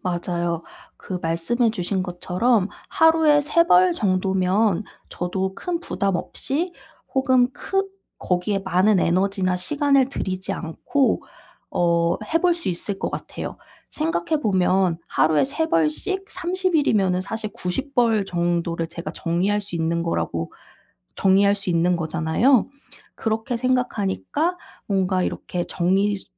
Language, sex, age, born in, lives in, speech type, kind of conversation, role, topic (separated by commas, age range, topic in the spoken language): Korean, female, 30-34, South Korea, South Korea, conversation, advice, user, 지금 가진 물건들에 더 감사하는 습관을 어떻게 기를 수 있을까요?